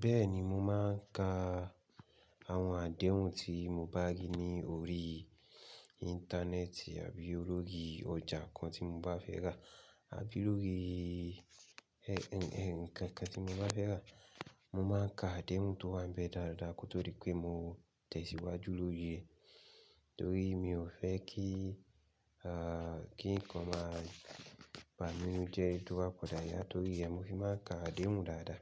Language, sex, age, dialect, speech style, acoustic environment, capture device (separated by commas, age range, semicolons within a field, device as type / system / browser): Yoruba, male, 25-34, Nigeria - Ibadan, relaxed, silence; nature, mobile / Linux / Chrome